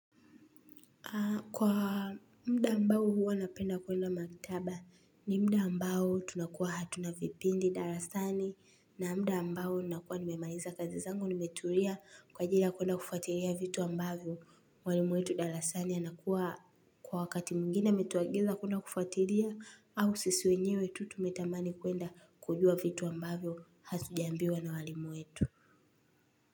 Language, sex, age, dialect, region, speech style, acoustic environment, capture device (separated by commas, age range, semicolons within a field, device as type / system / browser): Swahili, female, 18-24, Tanzania - Dar es Salaam, Tanzania, relaxed, nature, mobile / Linux / Chrome